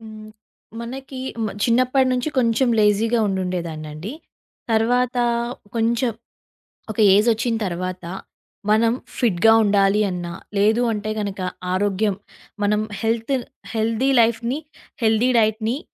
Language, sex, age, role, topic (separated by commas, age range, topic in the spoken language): Telugu, female, 20-24, guest, ఆరోగ్యంగా ఉండేందుకు పని రోజుల్లో మీరు ఏ మార్పులు చేస్తారు?